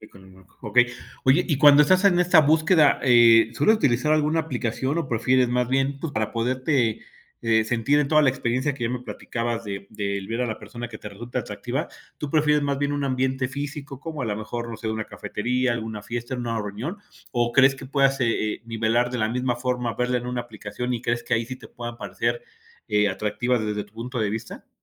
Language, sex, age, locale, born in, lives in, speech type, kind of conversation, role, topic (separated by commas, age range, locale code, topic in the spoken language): Spanish, male, 45-49, es-MX, Mexico, Mexico, conversation, podcast, host, ¿Qué buscas cuando eliges pareja?